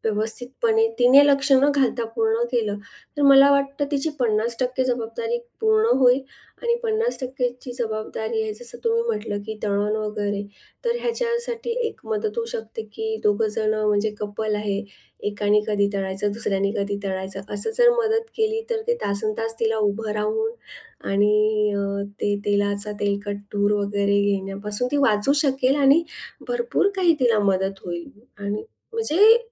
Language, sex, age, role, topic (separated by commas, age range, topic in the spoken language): Marathi, female, 40-44, guest, घरच्या दिवाळीच्या तयारीत तुम्हाला सर्वांत खास काय वाटते?